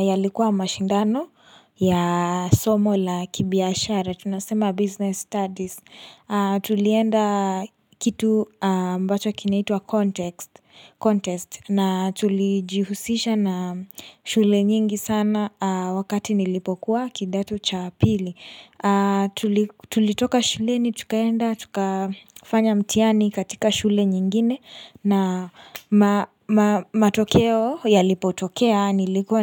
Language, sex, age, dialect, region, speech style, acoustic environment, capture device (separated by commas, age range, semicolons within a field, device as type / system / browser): Swahili, female, 18-24, Kenya - Nairobi Sheng-influenced, Kenya, happy; relaxed; excited; focused, silence, mobile / Linux / Chrome